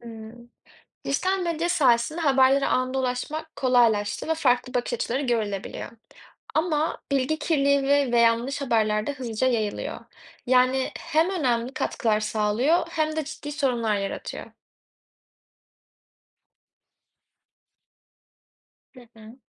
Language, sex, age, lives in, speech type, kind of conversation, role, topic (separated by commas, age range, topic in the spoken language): Turkish, female, 20-24, Netherlands, read, expert-assistant, expert, Dijital medya gazeteciliğin kalitesini nasıl etkiliyor?